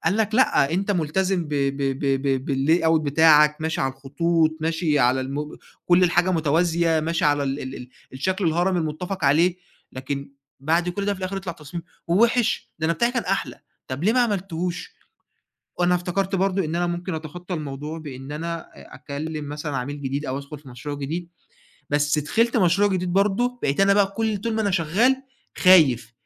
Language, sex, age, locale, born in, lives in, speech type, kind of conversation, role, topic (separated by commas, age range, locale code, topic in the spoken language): Arabic, male, 20-24, ar-EG, Egypt, Egypt, conversation, advice, user, إزاي أرجّع ثقتي في نفسي بعد غلطة كبيرة في الشغل وبقيت خايف أقدّم تاني؟